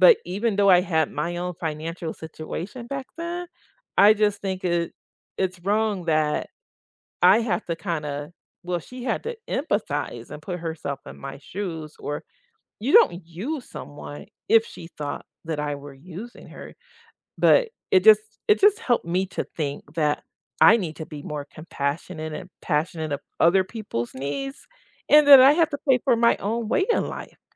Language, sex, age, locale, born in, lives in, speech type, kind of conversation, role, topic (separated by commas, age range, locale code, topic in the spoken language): English, female, 55-59, en-US, United States, United States, conversation, unstructured, none, Have you ever felt happier after resolving a conflict?